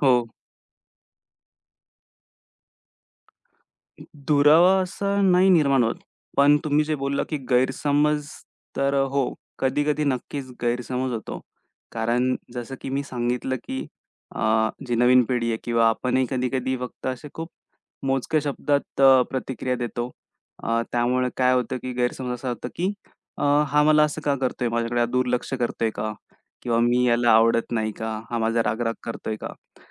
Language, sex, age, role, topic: Marathi, male, 25-29, guest, तरुणांची आणि जुन्या पिढीची भाषा वेगळी का असते?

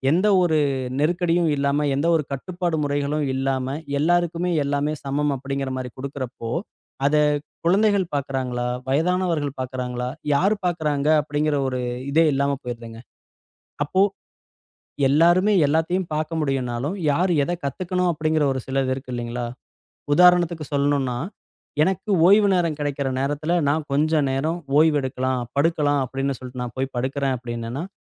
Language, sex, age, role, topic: Tamil, male, 35-39, guest, சமூக ஊடகங்கள் உங்கள் ஓய்வை அதிகமாக பாதிக்கிறதா, அதை நீங்கள் எப்படி கட்டுப்படுத்துகிறீர்கள்?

